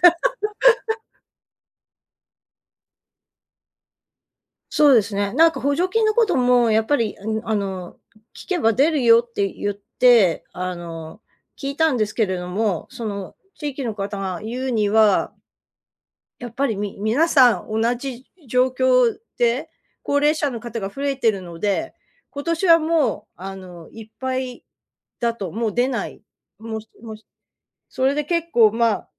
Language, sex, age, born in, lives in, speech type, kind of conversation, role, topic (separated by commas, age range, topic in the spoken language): Japanese, female, 55-59, Japan, United States, conversation, advice, user, 高齢の家族の介護と自分の生活を両立するうえで、どんな悩みがありますか？